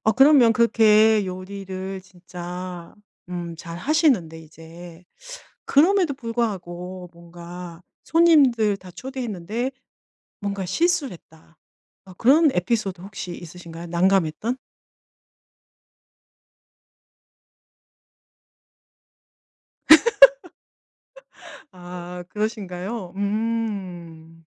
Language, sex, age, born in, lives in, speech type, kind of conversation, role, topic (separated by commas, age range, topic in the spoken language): Korean, female, 50-54, South Korea, Germany, conversation, podcast, host, 요리할 때 가장 자신 있는 음식은 뭐예요?